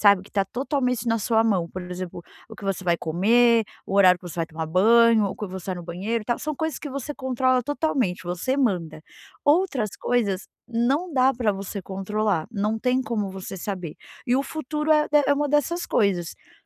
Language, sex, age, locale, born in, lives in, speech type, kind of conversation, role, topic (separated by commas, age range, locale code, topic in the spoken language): Portuguese, female, 40-44, pt-BR, Brazil, United States, conversation, advice, advisor, Como posso lidar com a insegurança em relação ao futuro?